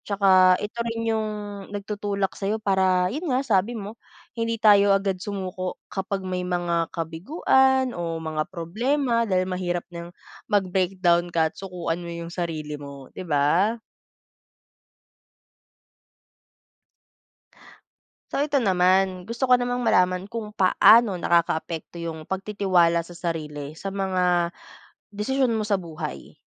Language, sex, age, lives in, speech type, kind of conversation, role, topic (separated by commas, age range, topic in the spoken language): Filipino, female, 25-29, Philippines, conversation, unstructured, none, Ano ang pananaw mo sa kahalagahan ng pagtitiwala sa sarili?